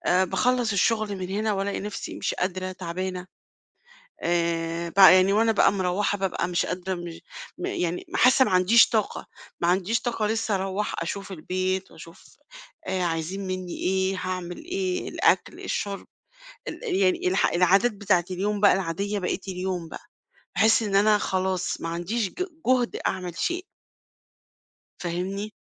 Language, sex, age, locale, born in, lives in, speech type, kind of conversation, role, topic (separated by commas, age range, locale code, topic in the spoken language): Arabic, female, 50-54, ar-EG, Egypt, Portugal, conversation, advice, user, إمتى بتحس بتعب وقلة طاقة بعد الشغل، وإيه اللي ممكن يكون سبب ده؟